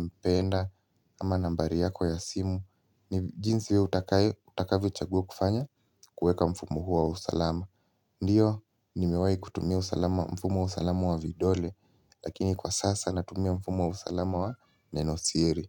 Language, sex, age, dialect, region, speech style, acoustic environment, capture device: Swahili, male, 18-24, Kenya - Nairobi Sheng-influenced, Kenya, relaxed; focused, silence, mobile / Linux / Chrome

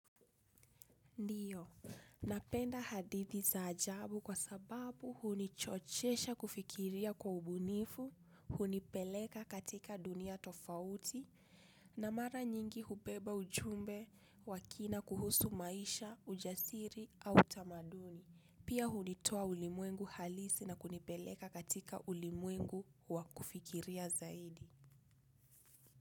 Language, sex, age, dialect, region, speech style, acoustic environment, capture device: Swahili, female, 25-34, Kenya - Nairobi Sheng-influenced, Kenya, focused, people talking, desktop / Linux / Chrome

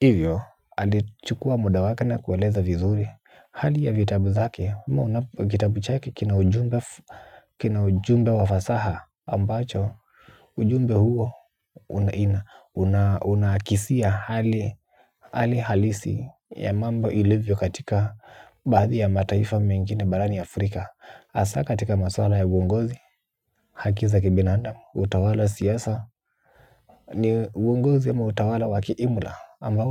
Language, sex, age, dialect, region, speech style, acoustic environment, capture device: Swahili, male, 18-24, Kenya - Nairobi Sheng-influenced, Kenya, happy, appliances, mobile / Linux / Chrome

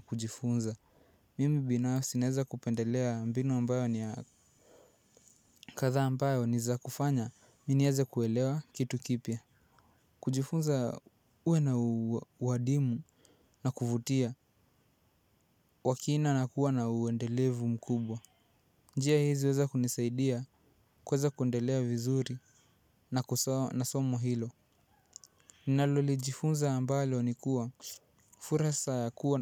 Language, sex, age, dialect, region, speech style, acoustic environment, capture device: Swahili, male, 18-24, Kenya - Mombasa, Kenya, relaxed; focused, silence, mobile / Linux / Chrome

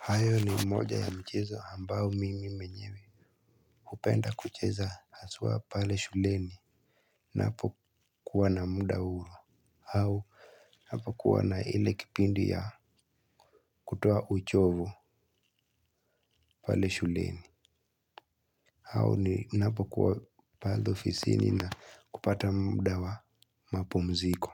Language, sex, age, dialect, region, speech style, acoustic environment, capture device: Swahili, male, 18-24, Kenya - Nairobi Sheng-influenced, Kenya, stressed, silence, mobile / Linux / Chrome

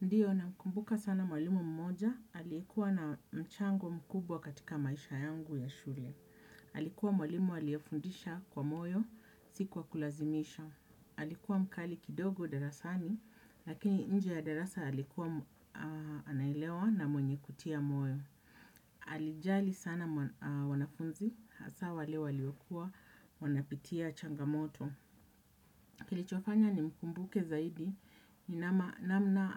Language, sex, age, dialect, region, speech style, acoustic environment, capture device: Swahili, female, 35-44, Kenya - Nairobi Sheng-influenced, Kenya, happy; relaxed; focused; excited, people talking; nature; appliances; silence, desktop / Windows / Chrome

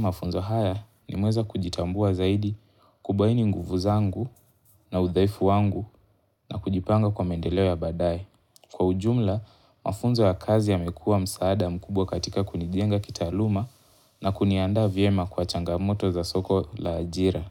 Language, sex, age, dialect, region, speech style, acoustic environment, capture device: Swahili, male, 18-24, Kenya - Mombasa, Kenya, focused, nature, mobile / Linux / Chrome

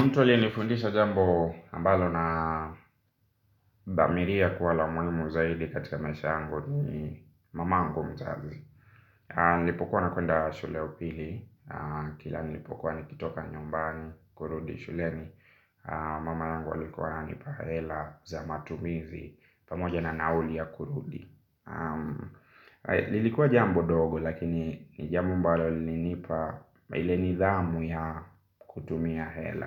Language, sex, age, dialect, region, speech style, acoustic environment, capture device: Swahili, male, 25-34, Kenya - Nairobi Sheng-influenced, Kenya, happy; relaxed; focused; excited, silence; nature, mobile / Linux / Chrome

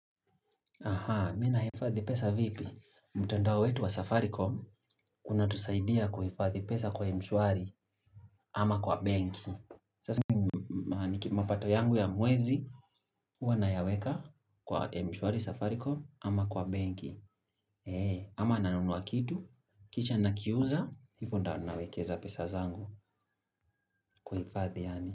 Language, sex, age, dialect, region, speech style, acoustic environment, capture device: Swahili, male, 25-34, Kenya - Nairobi Sheng-influenced, Kenya, focused, nature; silence, mobile / Linux / Chrome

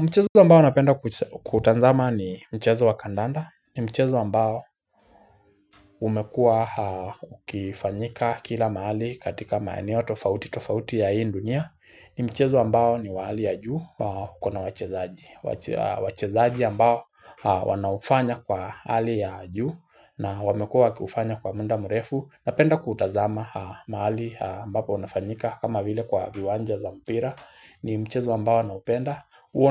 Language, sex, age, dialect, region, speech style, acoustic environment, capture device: Swahili, male, 25-34, Kenya - Nairobi Sheng-influenced, Kenya, relaxed; focused, silence; nature, mobile / Linux / Chrome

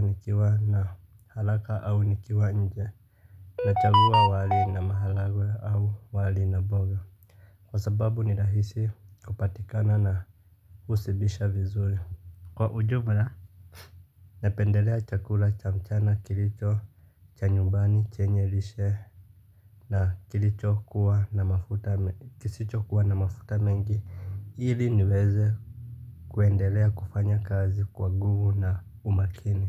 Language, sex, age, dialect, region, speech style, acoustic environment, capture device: Swahili, male, 25-34, Kenya - Nairobi Sheng-influenced, Kenya, happy; relaxed, silence, mobile / Linux / Chrome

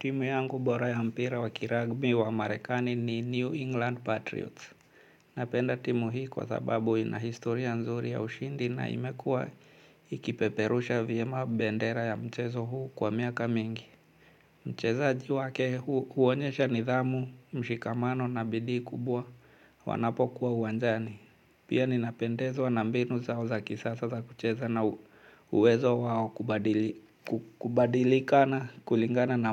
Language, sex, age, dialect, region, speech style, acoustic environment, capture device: Swahili, male, 35-44, Kenya - Mombasa, Kenya, anxious; nervous, nature, mobile / Linux / Chrome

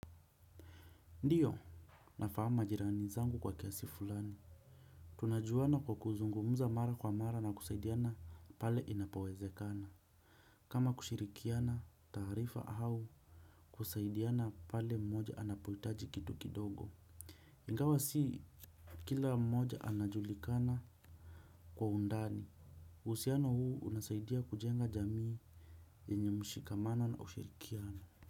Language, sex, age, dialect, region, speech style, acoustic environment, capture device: Swahili, male, 25-34, Kenya - Nairobi Sheng-influenced, Kenya, relaxed; focused, silence, desktop / Windows / Chrome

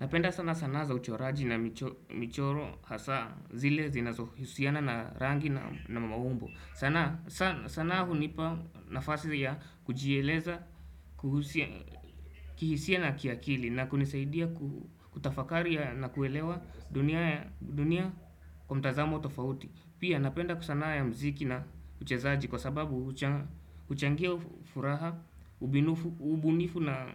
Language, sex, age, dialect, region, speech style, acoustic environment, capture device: Swahili, male, 18-24, Kenya - Nairobi Sheng-influenced, Kenya, happy; anxious; relaxed; nervous; excited; focused, people talking; music; traffic; construction; appliances, desktop / Windows / Chrome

